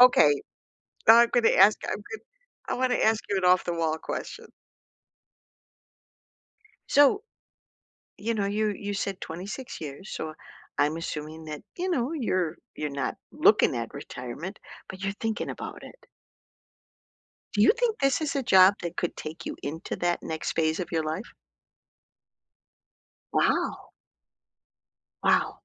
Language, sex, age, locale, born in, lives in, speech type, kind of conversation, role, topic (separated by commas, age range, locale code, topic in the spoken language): English, female, 60-64, en-US, United States, United States, conversation, unstructured, none, What’s something about your job that makes you happy?